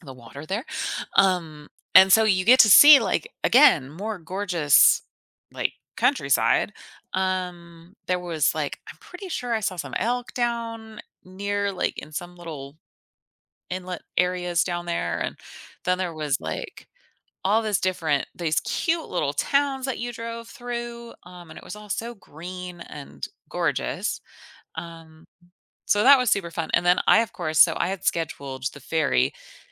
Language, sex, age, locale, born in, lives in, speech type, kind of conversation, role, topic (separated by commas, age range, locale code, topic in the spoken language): English, female, 35-39, en-US, United States, United States, conversation, podcast, guest, How can travel experiences shape who we are or change our outlook on life?